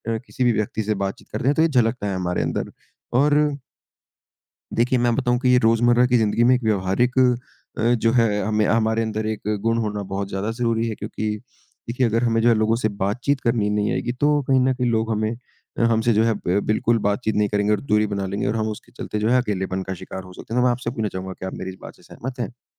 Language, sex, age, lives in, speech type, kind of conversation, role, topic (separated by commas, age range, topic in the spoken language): Hindi, male, 20-24, India, conversation, unstructured, none, खेलों में टीम वर्क क्यों महत्वपूर्ण होता है?